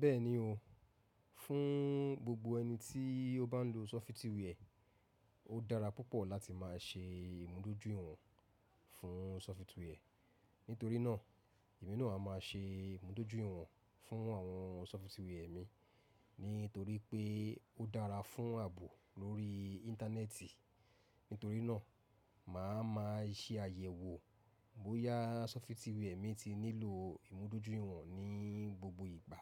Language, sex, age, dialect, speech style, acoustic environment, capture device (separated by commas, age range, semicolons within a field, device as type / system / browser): Yoruba, male, 35-44, Nigeria - Lagos Yoruba, focused, silence, mobile / macOS / Safari